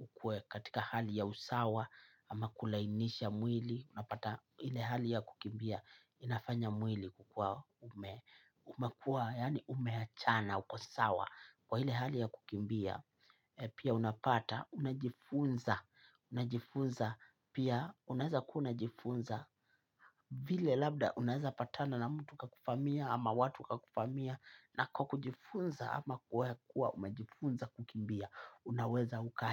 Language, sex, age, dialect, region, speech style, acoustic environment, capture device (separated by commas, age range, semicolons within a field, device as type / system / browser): Swahili, male, 25-34, Kenya - Mombasa, Kenya, happy; relaxed; focused, silence, mobile / Linux / Chrome